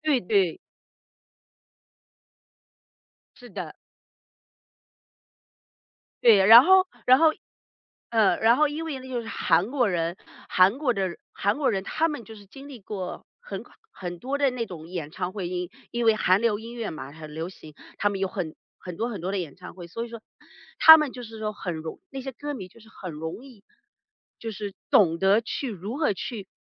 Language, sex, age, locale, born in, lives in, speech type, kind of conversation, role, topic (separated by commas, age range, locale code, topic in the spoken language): Chinese, female, 40-44, zh-CN, China, United States, conversation, podcast, guest, 你第一次去演唱会是什么样的经历？